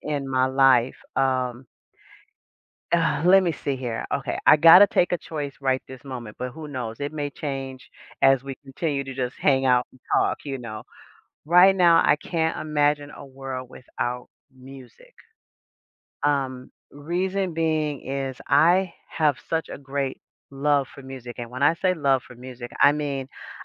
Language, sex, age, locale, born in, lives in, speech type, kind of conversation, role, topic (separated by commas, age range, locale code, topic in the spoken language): English, female, 55-59, en-US, United States, United States, conversation, unstructured, none, Would you rather live in a world without music or a world without movies?